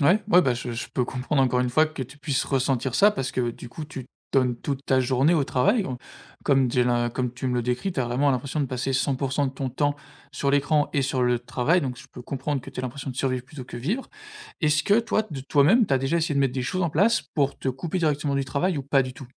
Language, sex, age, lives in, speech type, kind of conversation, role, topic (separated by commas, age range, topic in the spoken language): French, male, 25-29, France, conversation, advice, advisor, Comment puis-je déconnecter le soir des écrans et du travail ?